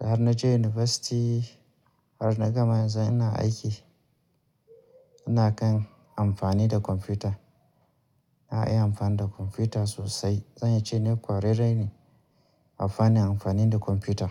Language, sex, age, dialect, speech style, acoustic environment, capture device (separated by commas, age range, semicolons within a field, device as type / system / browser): Hausa, male, 35-44, Nigeria - Kano, happy, nature; people talking; appliances, mobile / Linux / Chrome